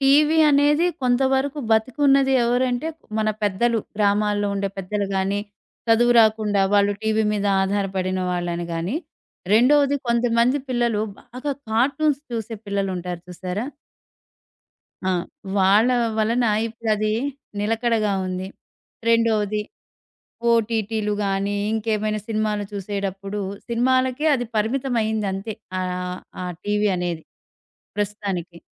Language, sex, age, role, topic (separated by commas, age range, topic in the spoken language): Telugu, female, 40-44, host, ఇంటర్నెట్ వచ్చిన తర్వాత మీరు టీవీ చూడే అలవాటు ఎలా మారింది?